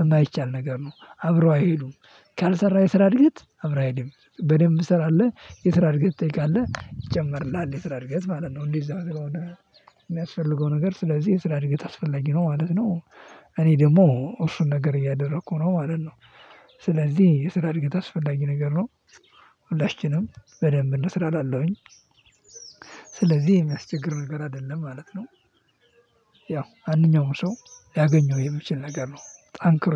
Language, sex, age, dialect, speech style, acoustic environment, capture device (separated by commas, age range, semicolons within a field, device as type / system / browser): Amharic, male, 18-24, Ethiopia - Addis Ababa, happy; nervous; anxious, people talking; music, mobile / Linux / Chrome